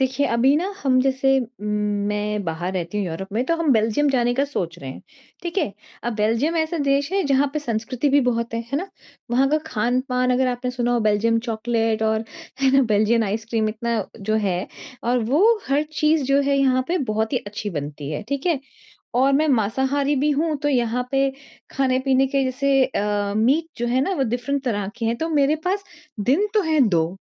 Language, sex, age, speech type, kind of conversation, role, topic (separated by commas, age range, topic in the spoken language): Hindi, female, 40-44, conversation, advice, user, मैं सीमित समय में अधिक स्थान कैसे देख सकता/सकती हूँ?